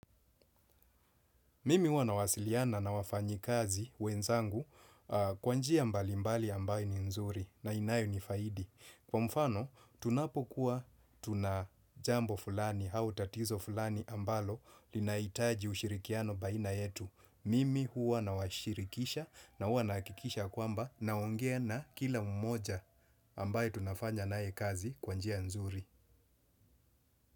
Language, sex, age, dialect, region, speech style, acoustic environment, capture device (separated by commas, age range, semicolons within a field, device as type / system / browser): Swahili, male, 35-44, Kenya - Mombasa, Kenya, excited; focused, people talking; appliances; construction; nature, desktop / Windows / Chrome